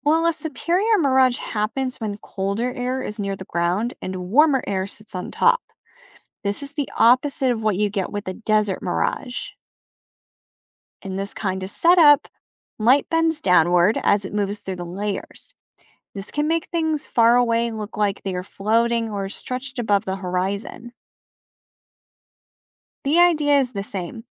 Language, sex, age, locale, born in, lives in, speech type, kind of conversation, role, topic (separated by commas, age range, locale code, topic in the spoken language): English, female, 25-29, en-US, United States, United States, read, expert-assistant, expert, What causes mirages to appear in the desert?